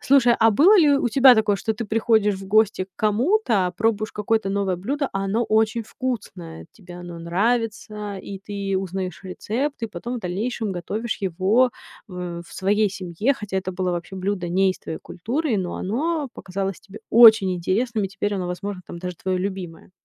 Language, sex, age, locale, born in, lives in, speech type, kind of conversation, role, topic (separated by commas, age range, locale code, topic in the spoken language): Russian, female, 30-34, ru-RU, Ukraine, United States, conversation, podcast, host, Как сохранить баланс между традиционными и новыми блюдами?